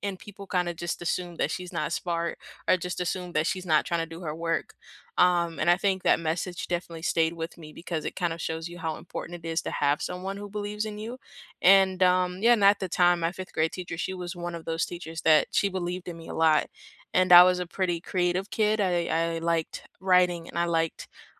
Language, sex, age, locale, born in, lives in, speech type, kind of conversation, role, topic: English, female, 18-19, en-US, United States, United States, conversation, unstructured, none, Which books from school have stayed with you?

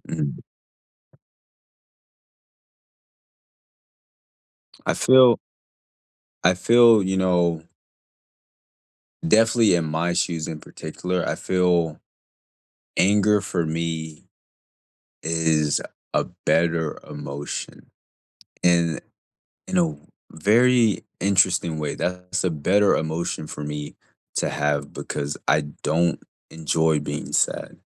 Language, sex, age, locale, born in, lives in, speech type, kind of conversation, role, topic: English, male, 20-24, en-US, United States, United States, conversation, unstructured, none, Why might some people feel anger instead of sadness after losing someone?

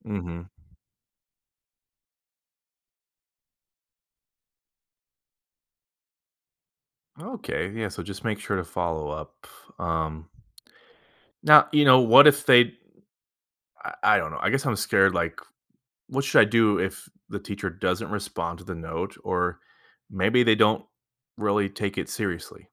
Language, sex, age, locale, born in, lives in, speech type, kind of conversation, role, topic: English, male, 30-34, en-US, United States, United States, read, expert-assistant, asker, How can bullying at school be recognized?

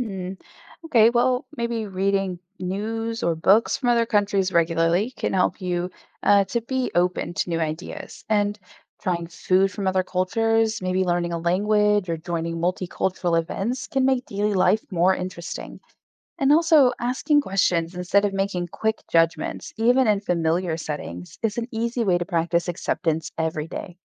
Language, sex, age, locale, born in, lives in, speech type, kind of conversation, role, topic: English, female, 35-39, en-US, Germany, United States, read, expert-assistant, expert, How does travel to other cultures increase tolerance?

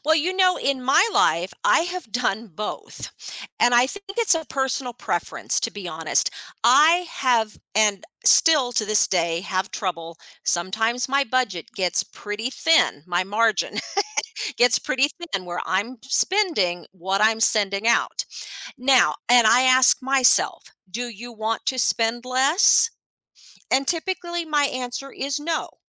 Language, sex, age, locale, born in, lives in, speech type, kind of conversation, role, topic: English, female, 50-54, en-US, United States, United States, conversation, podcast, guest, How can learning to budget help you take control of your finances?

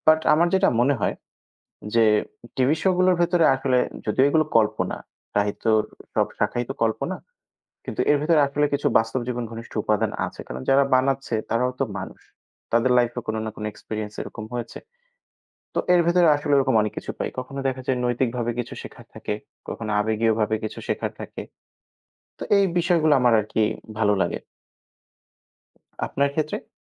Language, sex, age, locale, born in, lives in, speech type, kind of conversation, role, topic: Bengali, male, 25-29, bn-BD, Bangladesh, Bangladesh, conversation, unstructured, none, আপনার প্রিয় টেলিভিশন অনুষ্ঠান কোনটি, আর কেন?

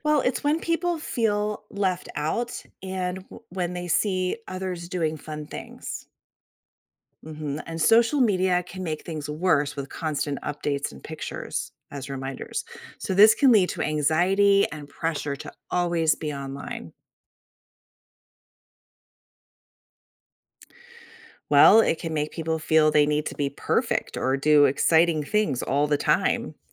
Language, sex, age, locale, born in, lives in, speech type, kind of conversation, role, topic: English, female, 45-49, en-US, Germany, United States, read, expert-assistant, expert, What impact does social media have on mental health?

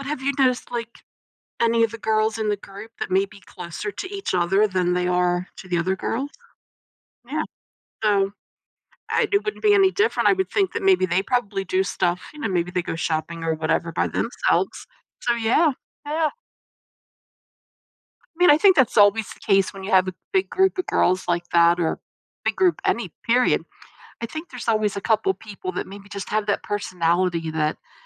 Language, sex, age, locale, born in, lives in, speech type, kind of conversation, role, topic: English, female, 60-64, en-US, United States, United States, conversation, advice, advisor, How can I deepen my friendships and build more meaningful connections?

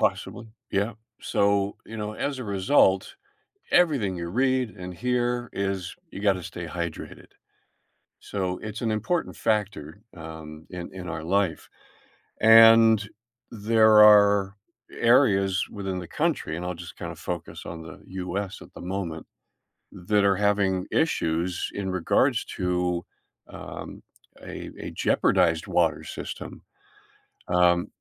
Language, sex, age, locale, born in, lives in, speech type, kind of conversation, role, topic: English, male, 70-74, en-US, Canada, United States, conversation, podcast, guest, Why is access to clean water essential for healthy communities?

